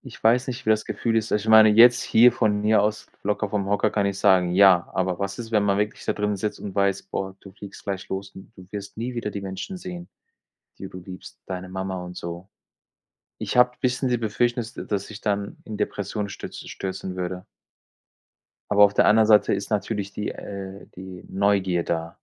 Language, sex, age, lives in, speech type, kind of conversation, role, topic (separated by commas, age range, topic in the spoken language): German, male, 45-49, Germany, conversation, unstructured, none, Welche Träume hast du für deine Zukunft?